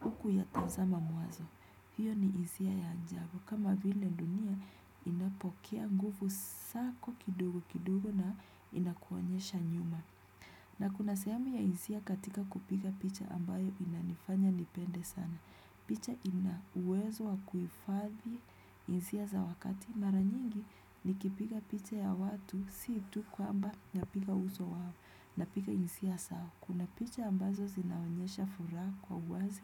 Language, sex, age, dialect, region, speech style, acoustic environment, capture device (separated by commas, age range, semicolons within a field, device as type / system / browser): Swahili, female, 25-34, Kenya - Nairobi Sheng-influenced, Kenya, relaxed; tired; focused, people talking; nature; appliances, desktop / Windows / Chrome